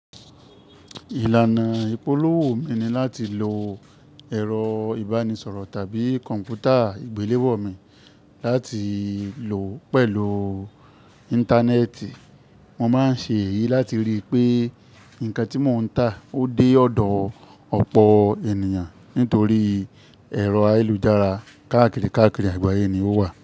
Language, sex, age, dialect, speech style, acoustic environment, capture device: Yoruba, male, 25-34, Nigeria - Ibadan, happy; excited, nature; silence, mobile / Linux / Chrome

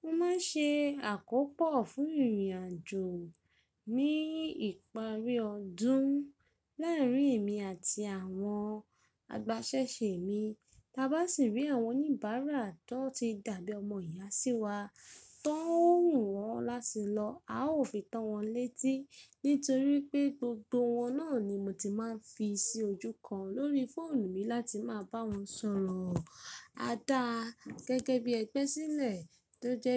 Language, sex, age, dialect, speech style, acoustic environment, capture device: Yoruba, female, 25-34, Nigeria - Ibadan, tired, nature, mobile / Linux / Chrome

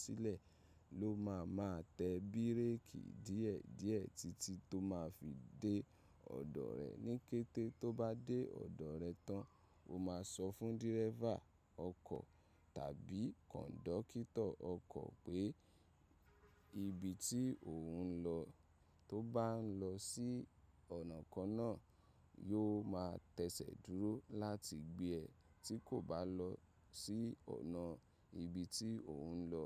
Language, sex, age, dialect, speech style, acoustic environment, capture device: Yoruba, male, 25-34, Nigeria - Ibadan, happy; excited, nature; silence, mobile / macOS / Safari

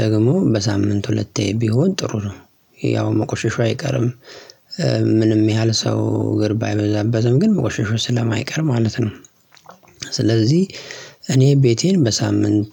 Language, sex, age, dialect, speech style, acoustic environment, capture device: Amharic, male, 25-34, Ethiopia - Addis Ababa, relaxed, silence, mobile / Linux / Chrome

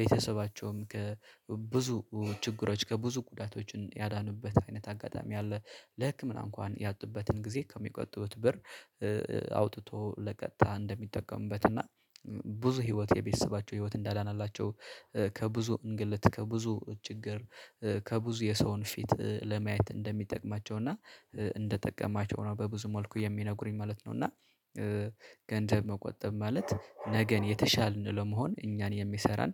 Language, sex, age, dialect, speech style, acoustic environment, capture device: Amharic, male, 25-34, Ethiopia - Addis Ababa, relaxed; focused, silence; nature, mobile / Linux / Chrome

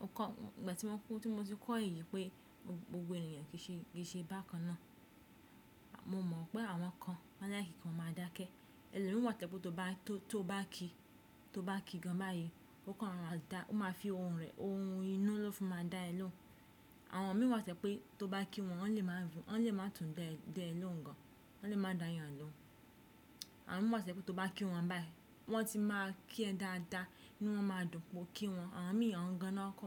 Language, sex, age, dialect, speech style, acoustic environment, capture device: Yoruba, female, 25-34, Nigeria - Ibadan, relaxed; focused, silence, desktop / Windows / Chrome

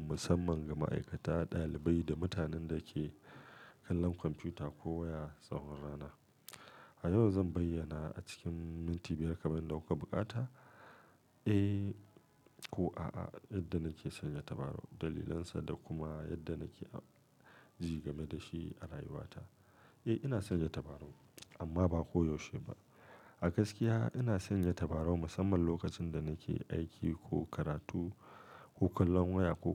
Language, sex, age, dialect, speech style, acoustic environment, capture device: Hausa, male, 35-44, Nigeria - Kano, focused, nature, mobile / Linux / Chrome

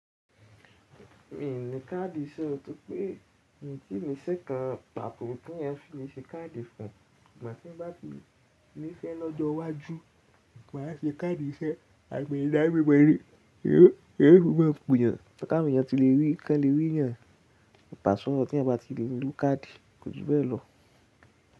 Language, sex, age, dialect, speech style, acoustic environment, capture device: Yoruba, male, 18-24, Nigeria - Ibadan, relaxed; excited, nature; silence, mobile / Linux / Chrome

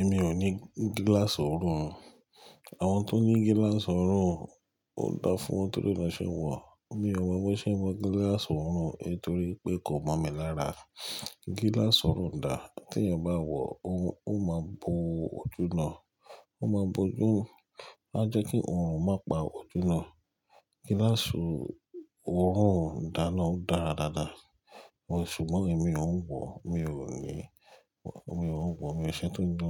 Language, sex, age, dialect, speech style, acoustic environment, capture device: Yoruba, male, 35-44, Nigeria - Lagos Yoruba, relaxed, people talking, mobile / Linux / Chrome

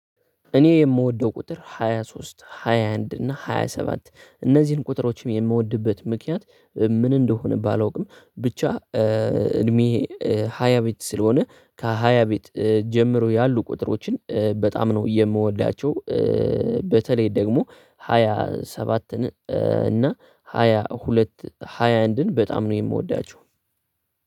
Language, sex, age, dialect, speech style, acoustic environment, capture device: Amharic, male, 18-24, Ethiopia - Addis Ababa, focused; relaxed; tired; happy, nature; silence, desktop / Linux / Chrome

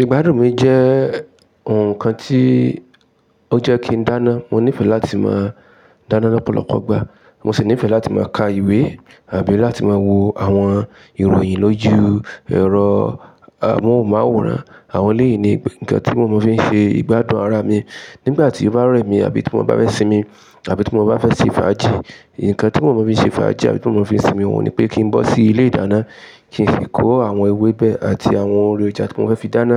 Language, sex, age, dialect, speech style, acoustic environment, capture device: Yoruba, male, 35-44, Nigeria - Ibadan, relaxed, silence, mobile / Linux / Chrome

